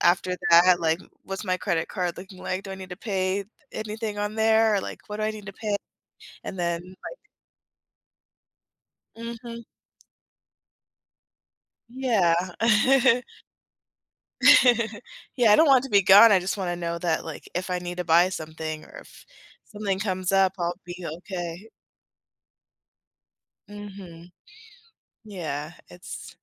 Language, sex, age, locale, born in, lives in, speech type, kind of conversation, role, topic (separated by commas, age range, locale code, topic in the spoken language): English, female, 30-34, en-US, United States, United States, conversation, podcast, host, How have your professional setbacks shaped your growth and perspective?